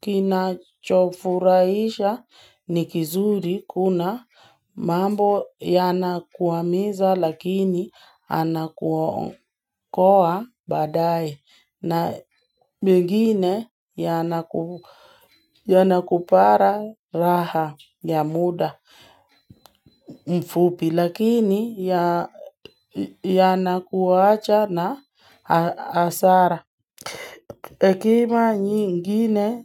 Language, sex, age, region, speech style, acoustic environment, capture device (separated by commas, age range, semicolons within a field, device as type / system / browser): Swahili, female, 18-24, Kenya, focused, nature, mobile / Linux / Chrome